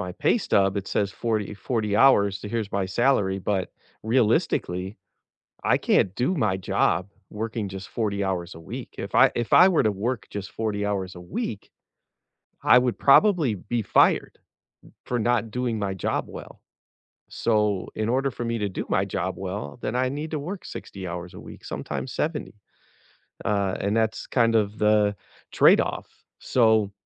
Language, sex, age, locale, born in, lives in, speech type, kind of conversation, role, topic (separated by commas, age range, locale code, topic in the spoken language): English, male, 50-54, en-US, United States, United States, conversation, unstructured, none, What’s your opinion on unpaid overtime at work?